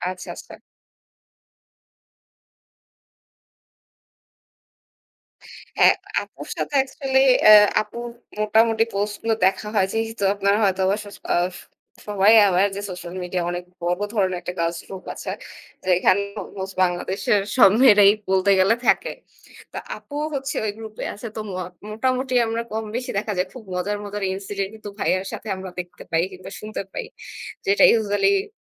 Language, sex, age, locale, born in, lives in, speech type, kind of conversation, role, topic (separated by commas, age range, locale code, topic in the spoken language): Bengali, female, 25-29, bn-BD, Bangladesh, Bangladesh, conversation, unstructured, none, কোন গান তোমাকে গতকালের মতো স্মৃতিতে ফিরিয়ে নিয়ে যায়?